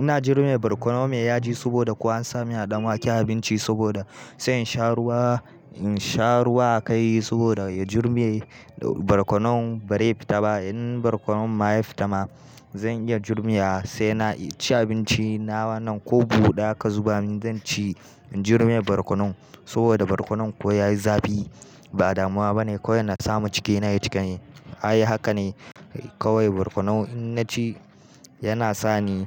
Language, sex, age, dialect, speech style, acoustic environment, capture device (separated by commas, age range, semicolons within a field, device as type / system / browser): Hausa, male, 18-24, Nigeria - Kano, nervous, nature, mobile / Linux / Chrome